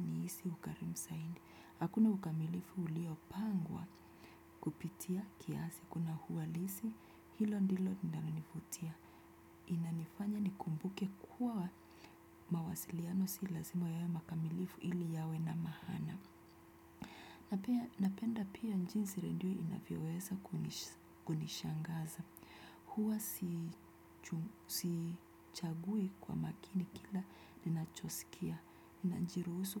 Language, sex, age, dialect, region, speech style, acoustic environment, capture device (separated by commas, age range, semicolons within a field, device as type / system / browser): Swahili, female, 25-34, Kenya - Nairobi Sheng-influenced, Kenya, relaxed; focused, nature; appliances, desktop / Windows / Chrome